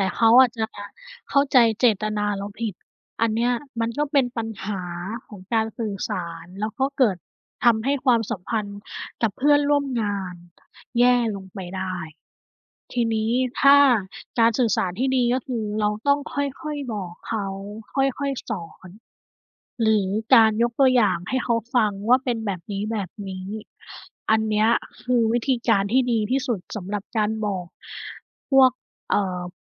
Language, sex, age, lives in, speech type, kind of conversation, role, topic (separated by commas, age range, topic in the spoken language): Thai, female, 30-34, Thailand, conversation, unstructured, none, คุณคิดว่าการสื่อสารในความสัมพันธ์สำคัญแค่ไหน?